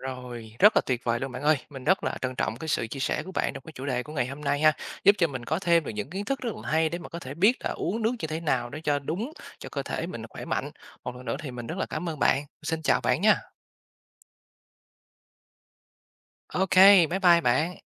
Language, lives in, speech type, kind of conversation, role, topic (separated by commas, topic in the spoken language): Vietnamese, Vietnam, read, expert-assistant, asker, Uống nước như thế nào là đúng và có cần “thải độc” cho cơ thể không?